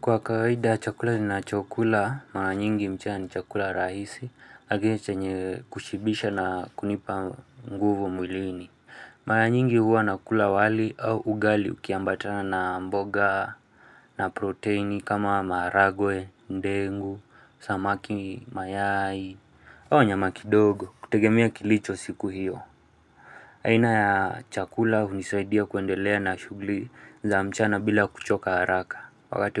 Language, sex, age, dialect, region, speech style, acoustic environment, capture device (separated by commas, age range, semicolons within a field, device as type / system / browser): Swahili, male, 25-34, Kenya - Nairobi Sheng-influenced, Kenya, focused, silence, mobile / Linux / Chrome